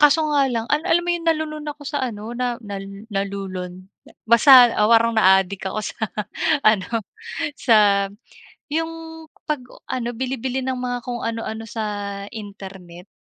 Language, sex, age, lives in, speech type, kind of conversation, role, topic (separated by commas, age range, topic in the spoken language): Filipino, female, 30-34, Philippines, conversation, unstructured, none, Ano ang natutuhan mo tungkol sa pera mula sa pamilya mo?